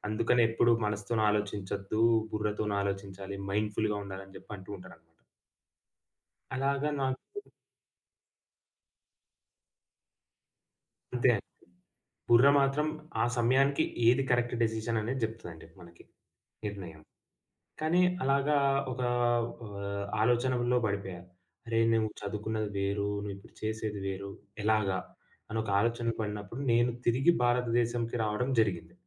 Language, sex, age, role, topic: Telugu, male, 20-24, guest, కొత్త కెరీర్‌ను మొదలుపెట్టేటప్పుడు మీరు ముందుగా ఏ మొదటి అడుగు వేస్తారు?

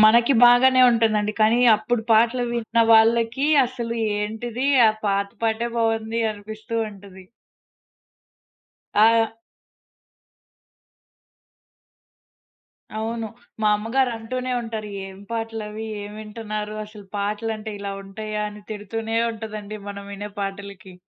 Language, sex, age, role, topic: Telugu, female, 20-24, host, ఇంటర్నెట్ రాకముందు కొత్త పాటలు మీకు ఎలా పరిచయం అవేవి, ఇప్పుడు దానికి పోలిస్తే ఏం తేడా అనిపిస్తుంది?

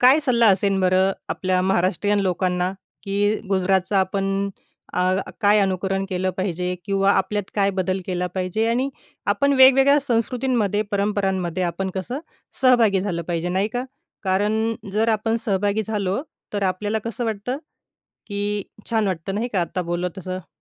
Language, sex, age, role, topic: Marathi, female, 30-34, host, कधी तुम्ही एखाद्या परंपरेत सहभागी होऊन स्वतःमध्ये बदल अनुभवला आहे का?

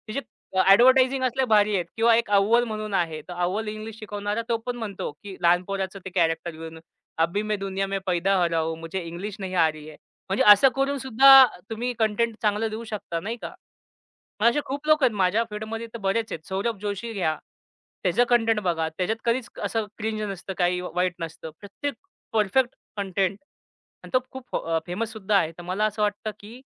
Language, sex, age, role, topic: Marathi, male, 25-29, guest, तुम्ही सामाजिक माध्यमांवरील पसंती आणि अनुयायांना किती महत्त्व देता?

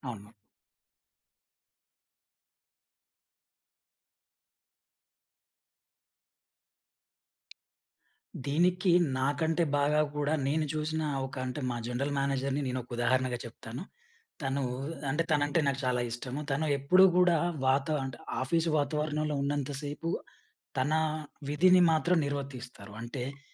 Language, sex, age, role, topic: Telugu, male, 30-34, guest, స్ట్రెస్ వచ్చినప్పుడు మీరు సాధారణంగా ఏమి చేస్తారు?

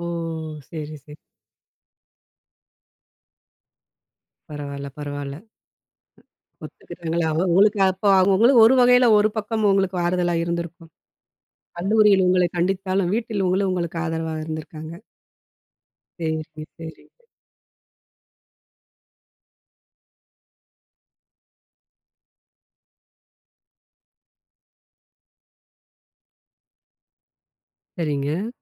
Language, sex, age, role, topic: Tamil, female, 40-44, host, நீண்ட கால ஒழுக்கத்தை நீங்கள் எப்படி தொடர்ந்து பேணுகிறீர்கள்?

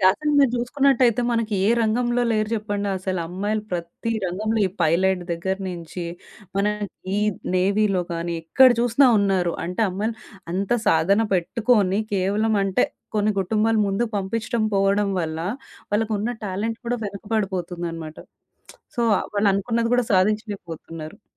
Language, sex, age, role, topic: Telugu, female, 30-34, guest, పిల్లల విద్యపై కుటుంబాల అభిప్రాయాలు తరాల మార్పులతో ఎలా మారాయి?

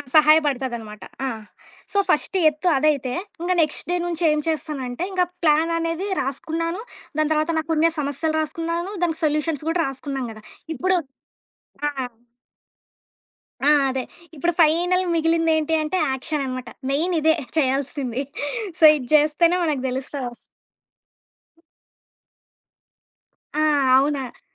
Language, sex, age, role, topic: Telugu, female, 25-29, guest, జర్నల్ రాయడం లేదా డైరీ రాయడం మీ క్రియేటివ్ బ్లాక్‌ను తొలగించడంలో సహాయపడిందా?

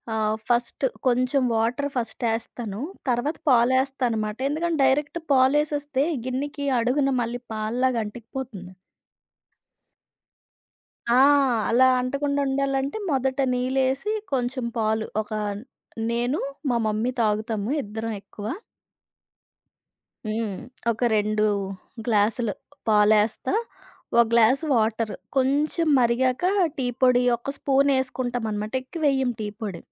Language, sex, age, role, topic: Telugu, female, 30-34, guest, చాయ్ లేదా కాఫీ ఎలా తయారు చేస్తారు?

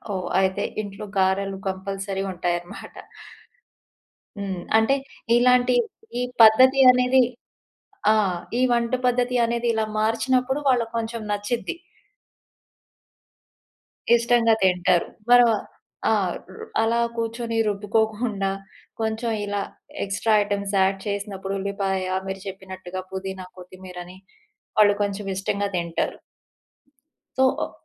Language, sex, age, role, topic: Telugu, female, 35-39, host, ఒక సాంప్రదాయ వంటకానికి అసలు రుచి చెడనివ్వకుండా కొత్త రుచి ఎలా తీసుకొస్తారు?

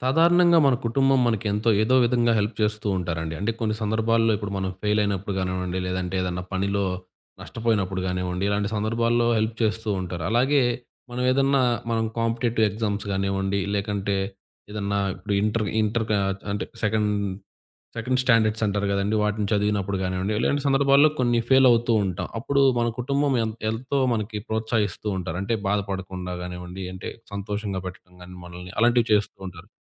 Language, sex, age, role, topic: Telugu, male, 20-24, host, మీరు విఫలమైన సమయంలో మీ కుటుంబం మీకు ఎలా సహాయపడింది?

